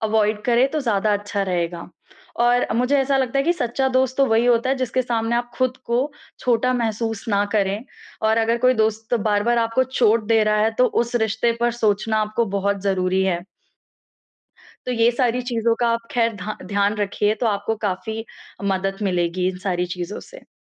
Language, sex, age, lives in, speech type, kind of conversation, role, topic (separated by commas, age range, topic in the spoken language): Hindi, female, 20-24, India, conversation, advice, advisor, मैं परिवार की उम्मीदों के बीच अपने रिश्तों में स्वस्थ सीमाएँ कैसे तय करूँ?